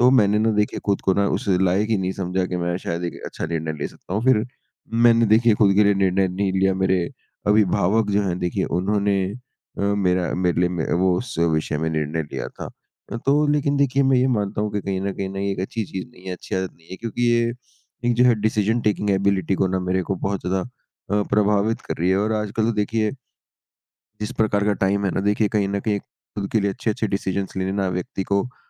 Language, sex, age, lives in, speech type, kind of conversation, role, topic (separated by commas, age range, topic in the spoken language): Hindi, male, 55-59, India, conversation, podcast, guest, गलत निर्णय का डर आप पर कैसे असर डालता है?